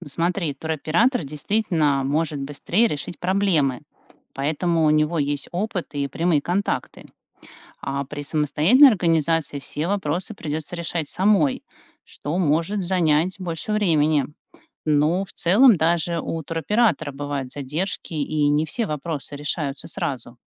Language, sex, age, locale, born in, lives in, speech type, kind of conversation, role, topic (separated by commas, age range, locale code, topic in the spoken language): Russian, female, 45-49, ru-RU, Russia, United States, read, expert-assistant, expert, Существует мнение, что самостоятельное планирование путешествия экономит деньги, а туроператоры обещают лучшие цены и безопасность. Как разобраться, какой способ действительно выгоднее и надёжнее?